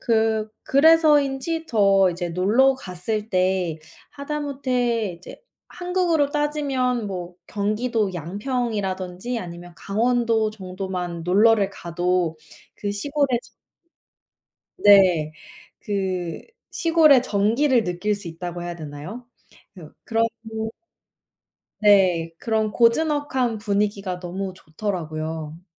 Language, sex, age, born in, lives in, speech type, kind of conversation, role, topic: Korean, female, 25-29, South Korea, United States, conversation, unstructured, none, 도시 생활과 시골 생활 중 어느 쪽이 더 매력적이라고 생각하시나요?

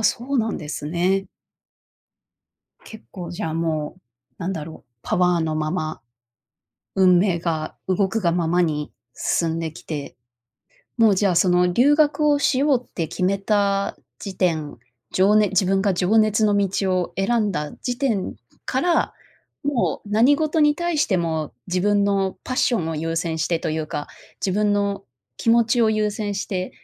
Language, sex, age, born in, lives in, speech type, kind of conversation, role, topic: Japanese, female, 30-34, Japan, United States, conversation, podcast, host, 情熱と安定のどちらを選びますか？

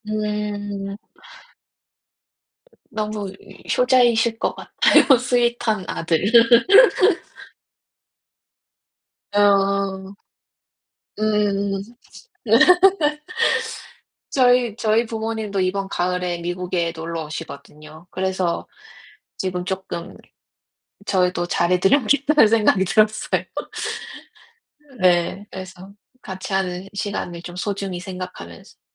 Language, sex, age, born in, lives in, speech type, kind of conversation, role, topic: Korean, female, 30-34, South Korea, United States, conversation, unstructured, none, 함께 있을 때 더 행복해지는 순간은 언제인가요?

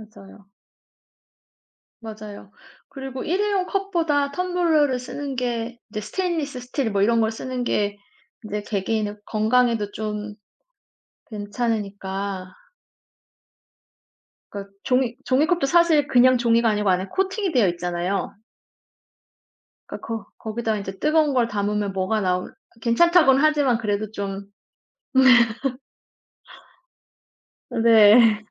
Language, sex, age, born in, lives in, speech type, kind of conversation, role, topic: Korean, female, 35-39, South Korea, Germany, conversation, unstructured, none, 지구 온난화를 늦추기 위해 우리가 할 수 있는 일은 무엇일까요?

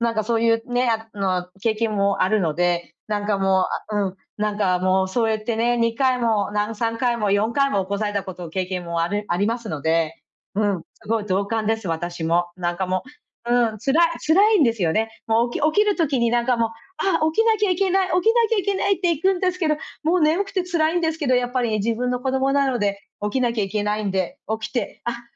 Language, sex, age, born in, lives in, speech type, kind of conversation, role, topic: Japanese, female, 40-44, Japan, United States, conversation, advice, advisor, 気持ちの揺れをどうすれば穏やかにできますか？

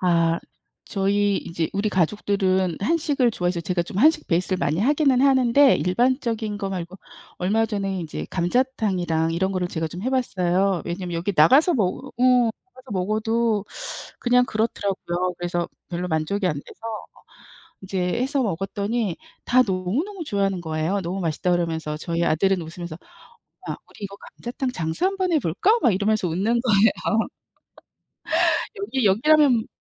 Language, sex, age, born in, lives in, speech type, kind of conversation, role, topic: Korean, female, 55-59, South Korea, United States, conversation, unstructured, none, 취미를 하면서 예상치 못한 선물을 받은 적이 있나요?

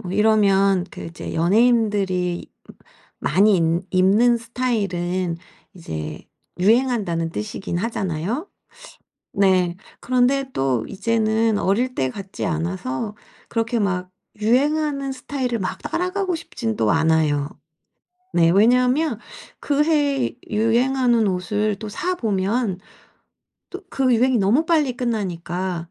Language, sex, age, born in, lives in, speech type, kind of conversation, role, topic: Korean, female, 45-49, South Korea, South Korea, conversation, advice, user, 어떤 패션 스타일이 저에게 가장 잘 어울릴까요?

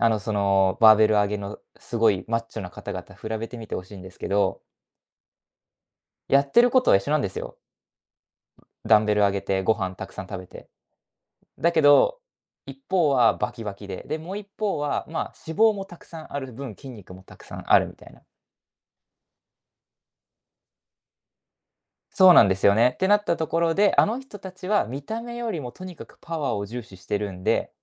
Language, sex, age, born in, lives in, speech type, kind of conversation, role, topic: Japanese, male, 20-24, Japan, Japan, conversation, podcast, guest, 軽い運動で楽になるためには、どんな方法がいいですか？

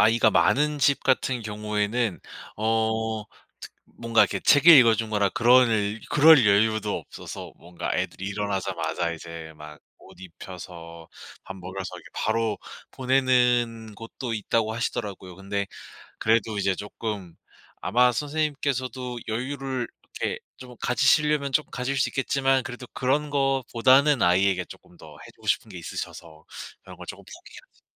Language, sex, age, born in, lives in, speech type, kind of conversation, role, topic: Korean, male, 25-29, South Korea, South Korea, conversation, advice, advisor, 간단하고 지속 가능한 아침 습관을 어떻게 설계하고 꾸준히 유지할 수 있을까요?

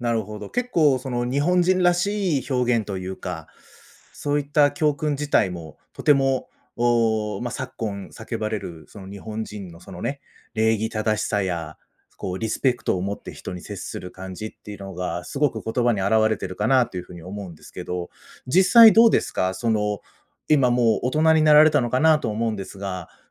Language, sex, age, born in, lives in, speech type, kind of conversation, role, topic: Japanese, male, 35-39, Japan, Japan, conversation, podcast, host, 忘れられない先生や恩師についてのエピソードはありますか？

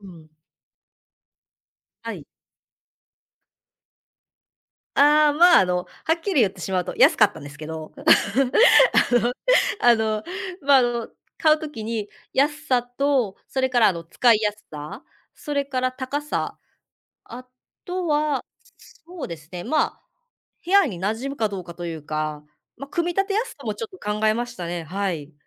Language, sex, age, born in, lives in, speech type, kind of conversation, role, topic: Japanese, female, 35-39, Japan, Japan, conversation, podcast, guest, 家でいちばん落ち着く場所はどこですか？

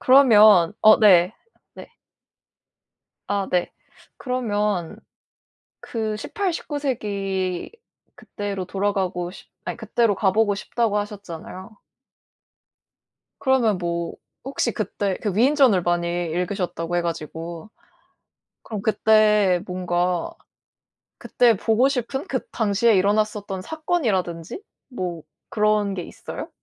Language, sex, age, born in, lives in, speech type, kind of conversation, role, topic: Korean, female, 20-24, South Korea, South Korea, conversation, unstructured, none, 만약 과거로 돌아가 하루를 보낼 수 있다면, 어느 시대로 가고 싶으신가요?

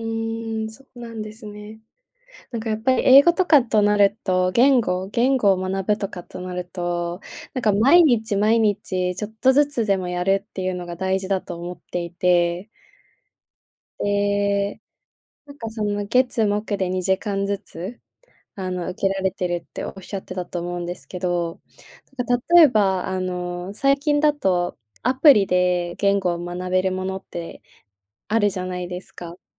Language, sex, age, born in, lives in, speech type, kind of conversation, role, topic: Japanese, female, 20-24, Japan, Japan, conversation, advice, advisor, 進捗が見えず達成感が持てず、やる気が続かないときはどうすればよいですか？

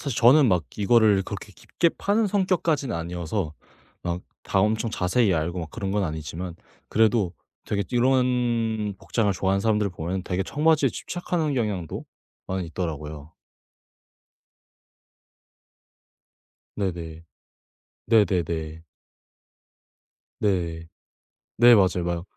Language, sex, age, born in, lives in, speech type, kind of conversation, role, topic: Korean, male, 18-19, South Korea, Japan, conversation, podcast, guest, 옷을 통해 자신을 어떻게 보여 주고 싶으신가요?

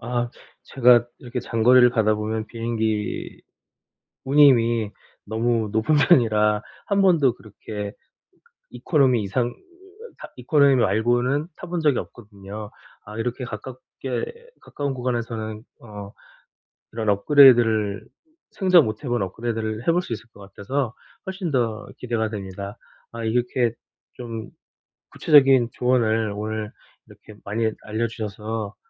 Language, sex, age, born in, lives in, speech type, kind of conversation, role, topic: Korean, male, 40-44, South Korea, South Korea, conversation, advice, user, 짧은 휴가를 가장 효율적으로 보내는 방법은 무엇인가요?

